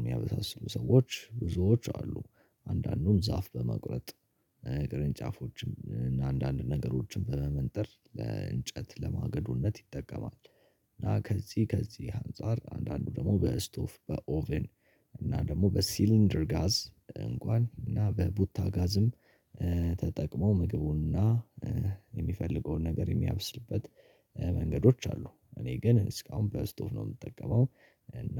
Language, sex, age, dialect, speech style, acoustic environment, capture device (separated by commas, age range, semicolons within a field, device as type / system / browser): Amharic, male, 18-24, Ethiopia - Addis Ababa, happy; relaxed, people talking; silence; nature, mobile / Linux / Chrome